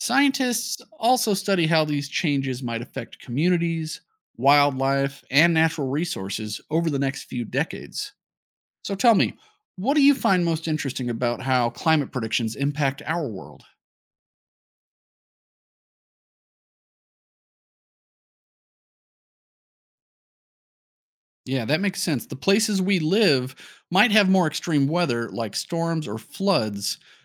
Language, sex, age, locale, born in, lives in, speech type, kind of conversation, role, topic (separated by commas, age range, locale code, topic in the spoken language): English, male, 35-39, en-US, United States, United States, read, expert-assistant, expert, What is the difference between weather and climate?